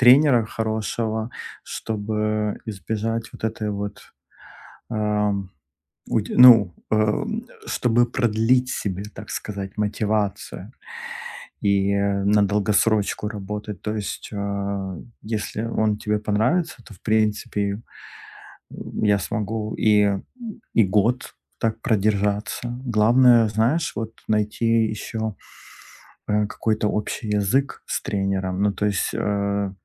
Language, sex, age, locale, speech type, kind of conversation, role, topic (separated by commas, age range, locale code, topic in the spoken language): Russian, male, 35-39, ru-RU, conversation, advice, user, Как сохранить мотивацию для долгих регулярных занятий спортом?